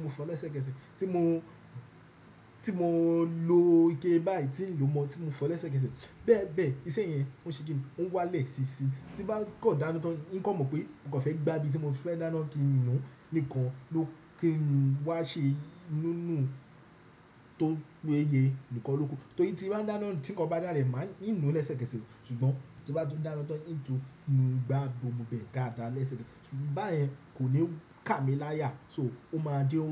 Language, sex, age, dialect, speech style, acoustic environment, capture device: Yoruba, male, 18-24, Nigeria - Nigerian Standard, relaxed, nature, desktop / Windows / Chrome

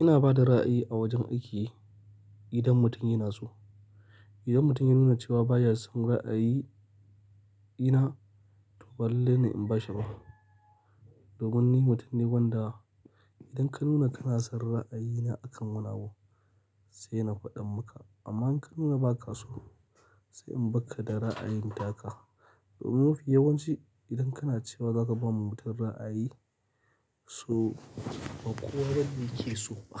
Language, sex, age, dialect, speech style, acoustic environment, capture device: Hausa, male, 25-34, Nigeria - Kano, happy; excited, silence; nature, mobile / Linux / Chrome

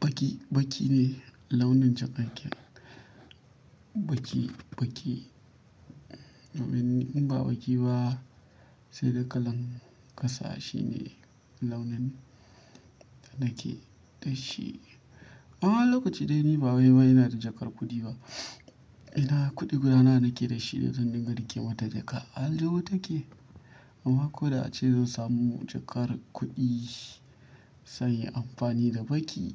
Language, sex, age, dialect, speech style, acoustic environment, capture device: Hausa, male, 25-34, Nigeria - Kano, relaxed, silence, mobile / Linux / Chrome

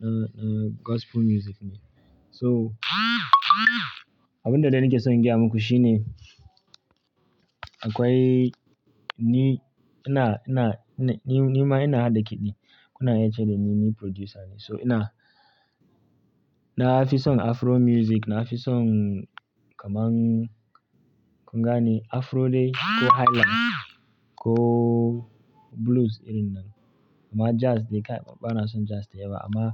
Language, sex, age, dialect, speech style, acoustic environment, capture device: Hausa, male, 25-34, Nigeria - Kano, anxious, nature, mobile / Linux / Chrome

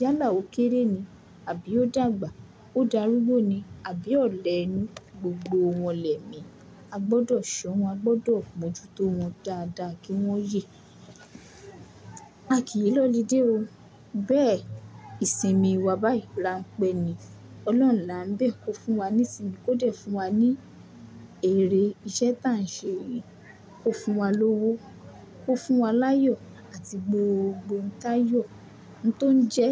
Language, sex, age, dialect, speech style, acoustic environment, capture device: Yoruba, female, 18-24, Nigeria - Lagos Yoruba, focused; relaxed, appliances; traffic, mobile / Linux / Chrome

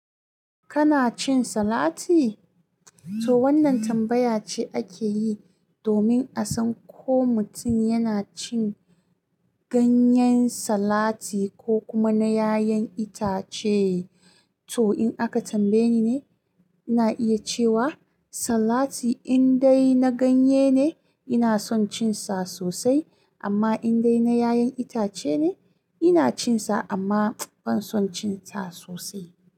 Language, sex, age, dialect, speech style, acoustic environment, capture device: Hausa, female, 25-34, Nigeria - Kano, focused, silence, mobile / Linux / Chrome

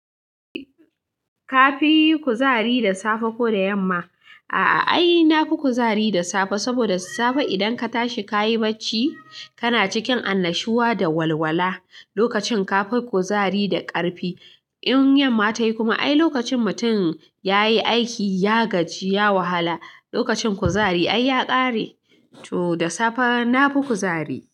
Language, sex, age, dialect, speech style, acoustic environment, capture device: Hausa, female, 25-34, Nigeria - Katsina, happy, people talking; silence, mobile / Linux / Chrome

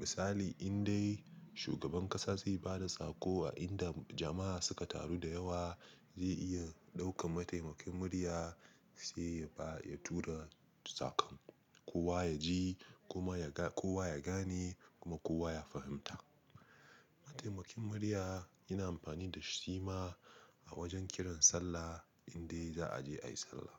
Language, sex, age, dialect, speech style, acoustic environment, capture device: Hausa, male, 25-34, Nigeria - Nigerian Standard, relaxed; happy; focused, silence, mobile / Linux / Chrome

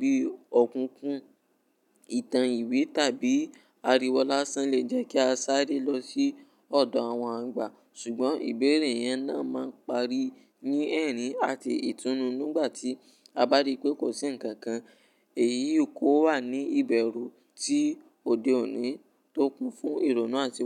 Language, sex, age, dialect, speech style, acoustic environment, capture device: Yoruba, male, 18-24, Nigeria - Ibadan, focused; relaxed, nature, mobile / Linux / Chrome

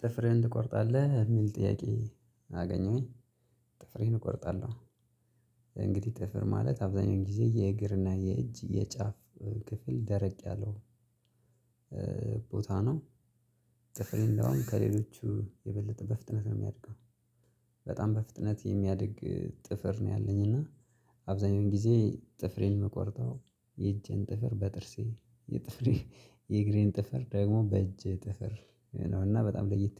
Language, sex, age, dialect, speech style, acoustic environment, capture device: Amharic, male, 25-34, Ethiopia - Gojjam, relaxed, silence, mobile / Linux / Chrome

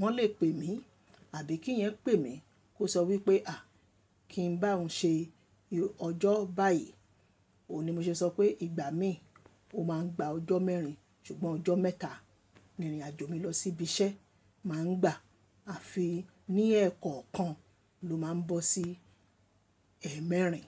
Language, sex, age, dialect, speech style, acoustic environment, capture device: Yoruba, female, 25-34, Nigeria - Lagos Yoruba, relaxed, appliances, mobile / Linux / Chrome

